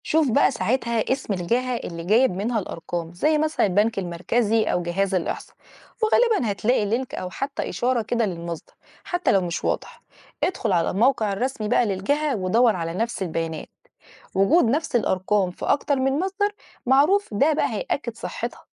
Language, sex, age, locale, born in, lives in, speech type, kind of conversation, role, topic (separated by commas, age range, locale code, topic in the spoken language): Arabic, female, 20-24, ar-EG, Egypt, Egypt, read, expert-assistant, expert, لو عايز أفهم فعلاً إزاي التغيرات في سعر الدولار بتأثر على الأسعار في مصر، أبدأ أبحث منين وأتأكد إزاي إن المصادر موثوقة؟